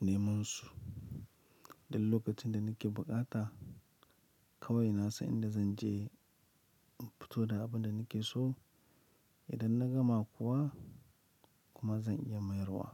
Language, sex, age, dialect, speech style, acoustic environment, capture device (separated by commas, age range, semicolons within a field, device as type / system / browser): Hausa, male, 25-34, Nigeria - Lagos Yoruba, happy; excited, people talking; traffic, mobile / Linux / Chrome